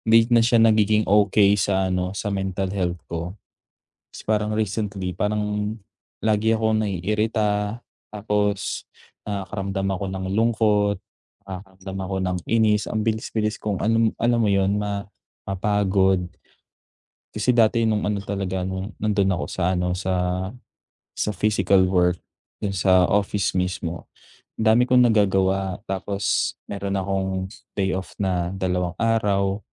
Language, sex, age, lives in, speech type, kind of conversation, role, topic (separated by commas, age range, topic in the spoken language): Filipino, male, 25-29, Philippines, conversation, advice, user, Paano ko maisasama ang mga libangan sa abalang araw-araw ko?